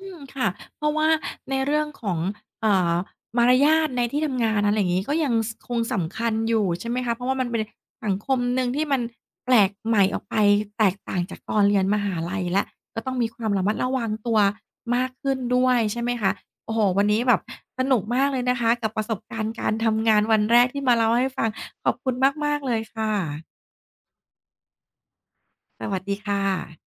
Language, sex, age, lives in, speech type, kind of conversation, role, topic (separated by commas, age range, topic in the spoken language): Thai, female, 40-44, Thailand, conversation, podcast, host, วันแรกที่เริ่มทำงานเป็นอย่างไรบ้าง?